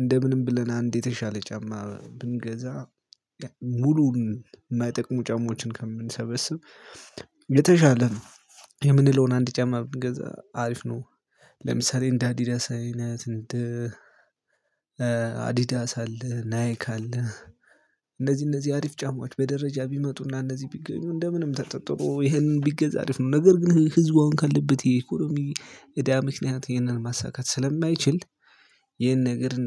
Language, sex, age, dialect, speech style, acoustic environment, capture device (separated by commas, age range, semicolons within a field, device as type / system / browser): Amharic, male, 25-34, Ethiopia - Gojjam, happy, nature; silence, mobile / Linux / Chrome